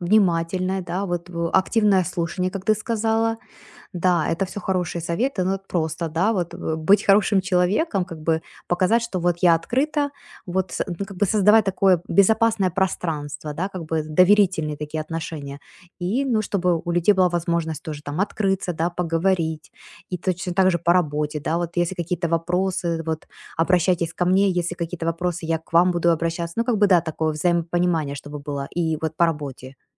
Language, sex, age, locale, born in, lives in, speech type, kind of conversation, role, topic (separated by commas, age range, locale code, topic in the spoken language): Russian, female, 40-44, ru-UA, Ukraine, United States, conversation, advice, user, Как мне выстроить доверие среди коллег и деловых партнёров?